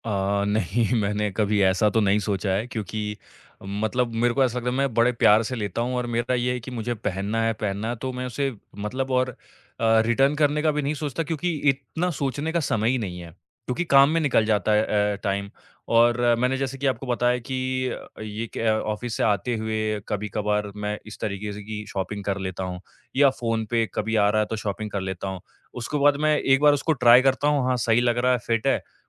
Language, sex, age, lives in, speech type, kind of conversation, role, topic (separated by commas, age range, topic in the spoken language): Hindi, male, 25-29, India, conversation, advice, user, अचानक हुई खरीदारी और बाद में पछतावा